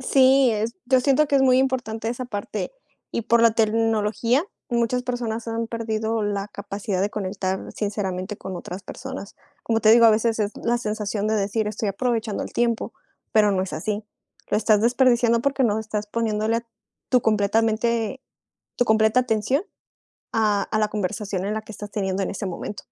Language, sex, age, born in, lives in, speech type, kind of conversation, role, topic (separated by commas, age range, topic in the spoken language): Spanish, female, 25-29, Mexico, Mexico, conversation, unstructured, none, ¿Deberíamos limitar el tiempo que pasamos en redes sociales?